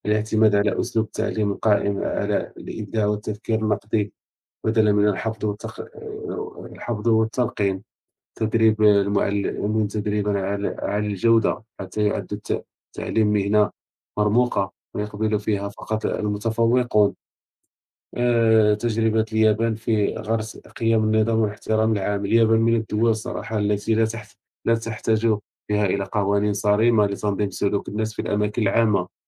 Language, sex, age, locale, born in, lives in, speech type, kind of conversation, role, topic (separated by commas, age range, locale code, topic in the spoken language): Arabic, male, 30-34, ar-MA, Morocco, France, conversation, unstructured, none, إيه رأيك في دور الحكومة في تحسين حياة الناس؟